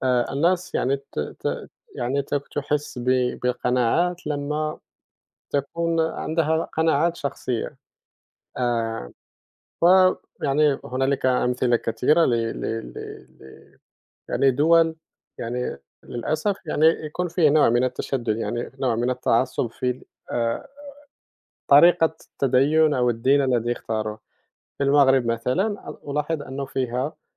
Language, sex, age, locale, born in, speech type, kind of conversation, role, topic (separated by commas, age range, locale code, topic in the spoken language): Arabic, male, 30-34, ar-MA, Morocco, conversation, unstructured, none, هل إنت شايف إن الدين لازم يكون جزء من السياسة؟